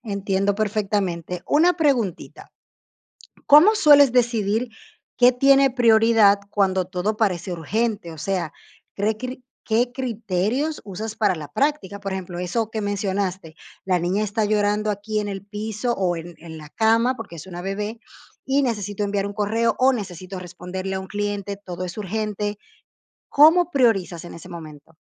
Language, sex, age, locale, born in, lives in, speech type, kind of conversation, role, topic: Spanish, female, 30-34, es-DO, Dominican Republic, Portugal, conversation, podcast, host, ¿Cómo priorizas el trabajo y tu vida personal?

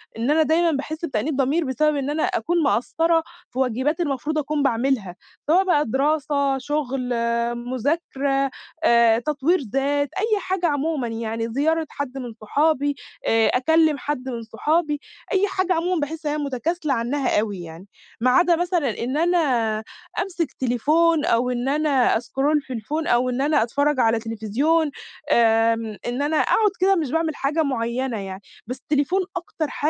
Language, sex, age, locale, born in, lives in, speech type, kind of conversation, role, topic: Arabic, female, 20-24, ar-EG, Egypt, Egypt, conversation, advice, user, إزاي أقدر أعمل طقوس بسيطة بالليل تقلل توتري قبل ما أنام؟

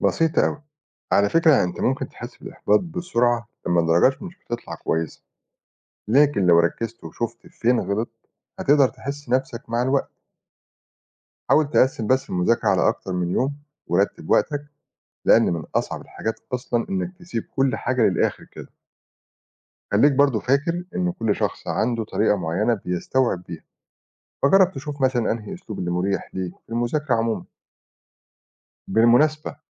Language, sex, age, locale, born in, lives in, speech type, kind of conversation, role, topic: Arabic, male, 40-44, ar-EG, Egypt, Portugal, read, expert-assistant, expert, كيف يمكن التعامل مع الإخفاقات الدراسية بشكل بنّاء؟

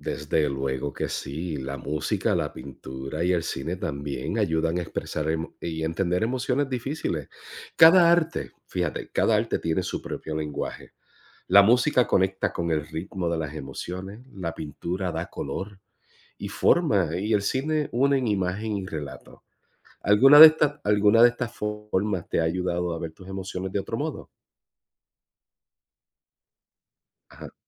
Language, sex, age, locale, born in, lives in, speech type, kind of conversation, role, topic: Spanish, male, 45-49, es-US, Puerto Rico, United States, read, expert-assistant, expert, ¿Cómo ayuda el arte, especialmente la poesía, a aliviar y comprender el dolor emocional?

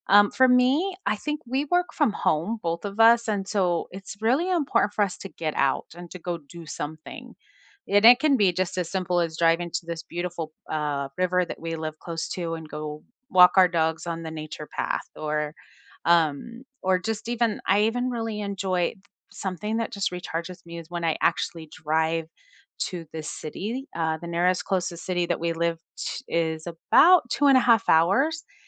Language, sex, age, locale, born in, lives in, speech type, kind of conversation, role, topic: English, female, 45-49, en-US, United States, United States, conversation, unstructured, none, What weekend rituals have been recharging you lately?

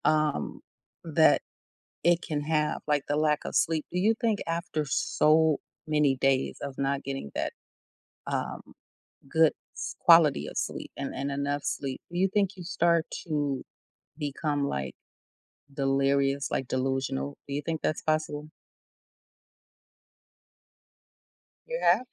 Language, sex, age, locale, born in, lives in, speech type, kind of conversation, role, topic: English, female, 45-49, en-US, United States, United States, conversation, podcast, host, Why is getting enough sleep essential for maintaining good health?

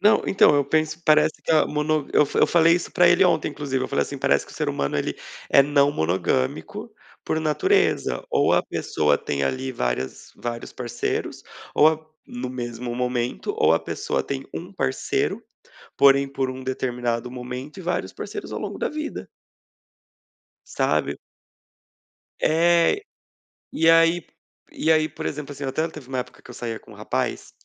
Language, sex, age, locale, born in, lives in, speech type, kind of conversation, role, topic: Portuguese, male, 30-34, pt-BR, Brazil, Portugal, conversation, advice, user, Como posso alinhar minhas ações com as minhas crenças?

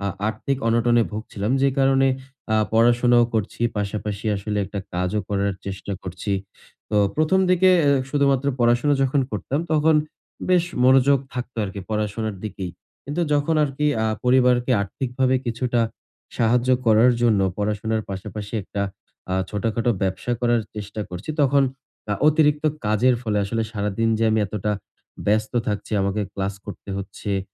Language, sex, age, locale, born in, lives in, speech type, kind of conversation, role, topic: Bengali, male, 20-24, bn-BD, Bangladesh, Bangladesh, conversation, advice, user, ব্যস্ত সময়ে কীভাবে মনোযোগ ফেরানো যায়?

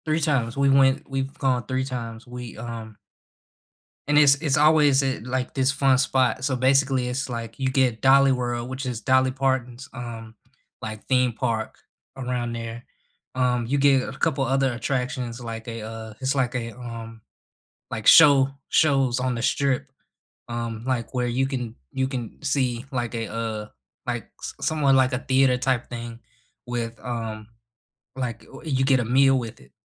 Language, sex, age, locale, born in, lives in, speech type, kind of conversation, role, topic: English, male, 25-29, en-US, United States, United States, conversation, unstructured, none, What weekend getaways within two hours do you recommend?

